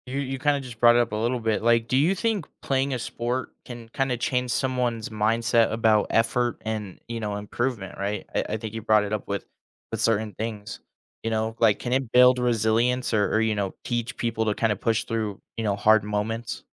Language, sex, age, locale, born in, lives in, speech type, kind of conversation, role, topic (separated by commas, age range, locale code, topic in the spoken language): English, male, 20-24, en-US, United States, United States, conversation, podcast, host, How can being involved in sports shape different aspects of your everyday life?